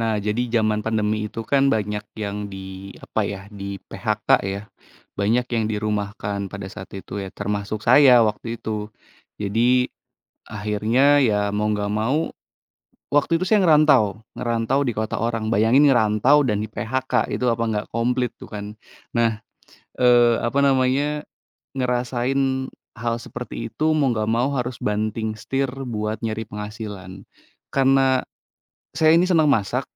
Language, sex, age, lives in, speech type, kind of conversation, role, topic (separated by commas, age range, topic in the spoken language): Indonesian, male, 30-34, Indonesia, conversation, podcast, guest, Apa yang paling kamu nikmati dari memasak kreatif?